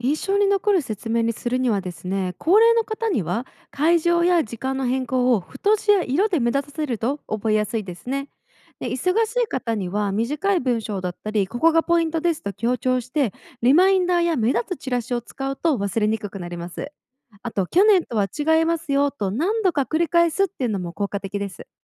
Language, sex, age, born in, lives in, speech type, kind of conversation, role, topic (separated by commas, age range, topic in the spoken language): Japanese, female, 25-29, Japan, United States, read, expert-assistant, expert, 健康診断を受ける人が少ない地域で、みんながもっと参加しやすくするにはどうしたらいい？